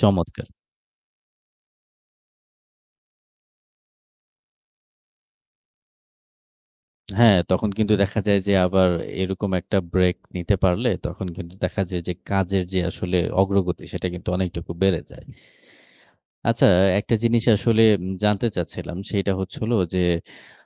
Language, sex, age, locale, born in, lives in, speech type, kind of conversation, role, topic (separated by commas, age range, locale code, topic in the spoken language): Bengali, male, 30-34, bn-BD, Bangladesh, Bangladesh, conversation, podcast, host, তুমি কীভাবে মানসিক চাপ কমানোর চেষ্টা করো?